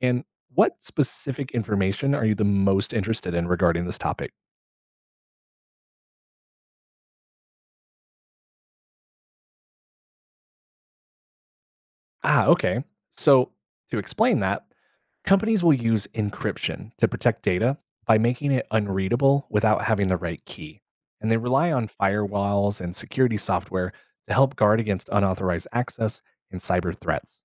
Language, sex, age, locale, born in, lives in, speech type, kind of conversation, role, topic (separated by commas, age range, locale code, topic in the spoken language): English, male, 30-34, en-US, United States, United States, read, expert-assistant, expert, What are the implications of data privacy in a connected world?